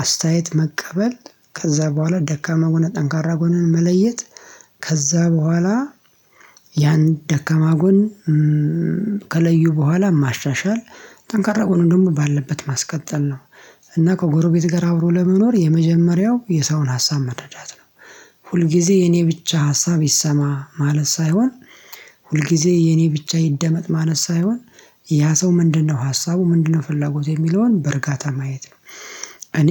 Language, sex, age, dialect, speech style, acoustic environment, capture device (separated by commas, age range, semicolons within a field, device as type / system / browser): Amharic, male, 25-34, Ethiopia - Addis Ababa, relaxed; happy, silence, mobile / Linux / Chrome